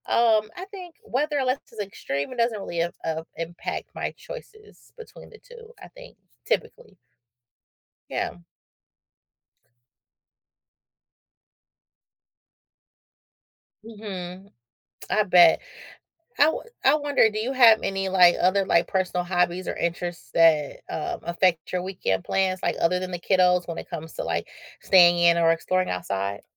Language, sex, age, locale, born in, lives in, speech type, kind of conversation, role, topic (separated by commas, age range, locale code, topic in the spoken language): English, female, 35-39, en-US, United States, United States, conversation, unstructured, none, What factors help you decide whether to spend your weekend indoors or outdoors?